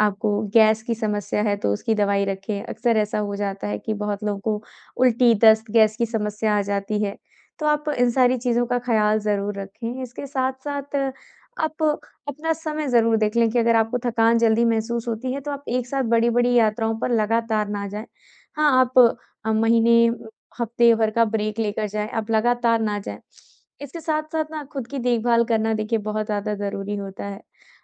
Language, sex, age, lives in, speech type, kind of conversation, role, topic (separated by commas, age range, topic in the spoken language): Hindi, female, 20-24, India, conversation, advice, advisor, यात्रा के दौरान तनाव कैसे कम करें?